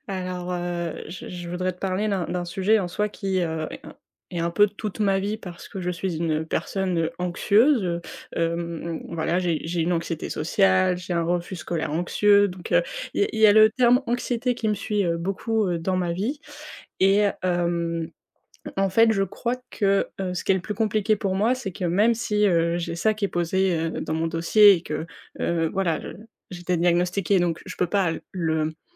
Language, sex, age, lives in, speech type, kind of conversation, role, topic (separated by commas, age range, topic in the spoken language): French, female, 20-24, France, conversation, advice, user, Comment puis-je accepter mon anxiété comme une réaction naturelle sans me sentir coupable ou vulnérable ?